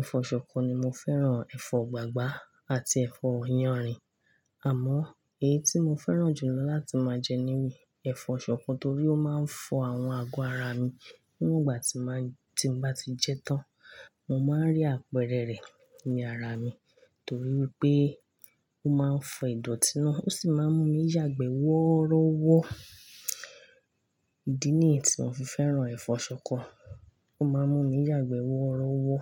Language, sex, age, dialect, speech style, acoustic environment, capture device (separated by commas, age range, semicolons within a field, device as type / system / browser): Yoruba, female, 25-34, Nigeria - Ibadan, happy; excited; focused; relaxed, nature; silence; construction, mobile / Linux / Chrome